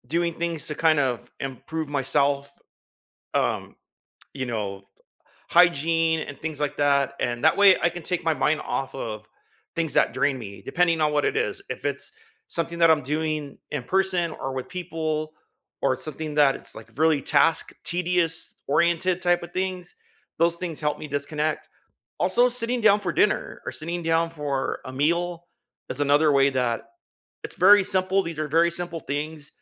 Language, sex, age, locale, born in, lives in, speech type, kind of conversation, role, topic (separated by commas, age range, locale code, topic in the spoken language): English, male, 50-54, en-US, United States, United States, conversation, unstructured, none, How do you recharge after a long, draining day, and what rituals help you feel restored?